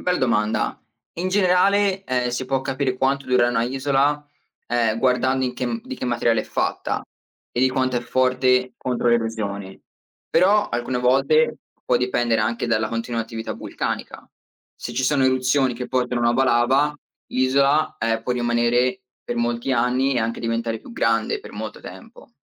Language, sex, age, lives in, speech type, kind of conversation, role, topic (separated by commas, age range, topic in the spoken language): Italian, male, 20-24, United States, read, expert-assistant, expert, Come si formano le isole vulcaniche?